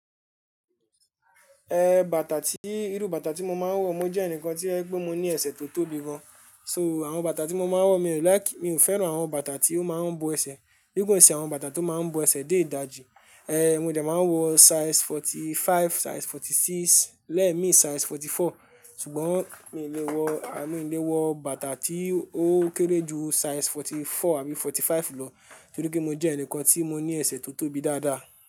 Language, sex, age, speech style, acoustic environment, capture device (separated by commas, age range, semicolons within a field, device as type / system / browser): Yoruba, male, 18-24, happy; relaxed; focused, people talking; music; nature; appliances, mobile / Linux / Chrome